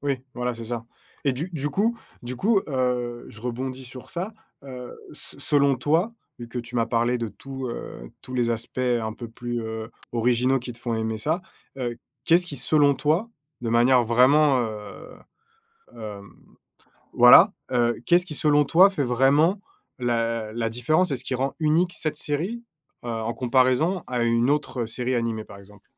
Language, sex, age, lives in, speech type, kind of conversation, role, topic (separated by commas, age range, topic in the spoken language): French, male, 30-34, France, conversation, podcast, host, Quelle est ta série préférée, et pourquoi te parle-t-elle ?